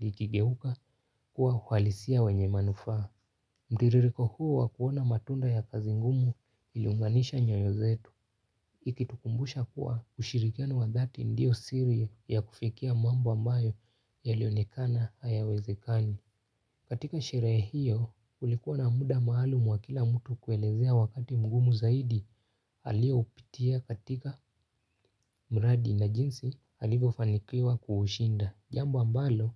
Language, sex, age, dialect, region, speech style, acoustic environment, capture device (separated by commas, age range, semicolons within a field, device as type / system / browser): Swahili, male, 18-24, Kenya - Nairobi Sheng-influenced, Kenya, happy; focused; relaxed, nature; silence, mobile / Linux / Chrome